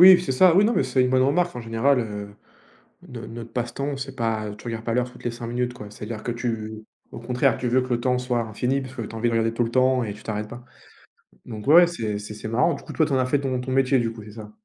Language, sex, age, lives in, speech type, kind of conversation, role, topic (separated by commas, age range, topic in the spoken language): French, male, 20-24, France, conversation, unstructured, none, Comment as-tu découvert ton passe-temps préféré ?